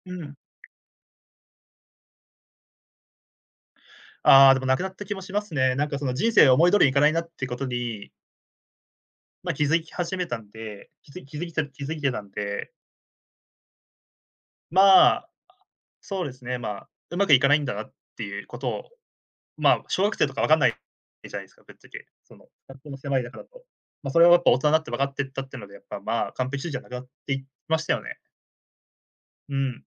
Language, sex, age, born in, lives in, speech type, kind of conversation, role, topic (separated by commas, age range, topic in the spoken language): Japanese, male, 20-24, Japan, Japan, conversation, podcast, guest, 完璧主義をどう抑える？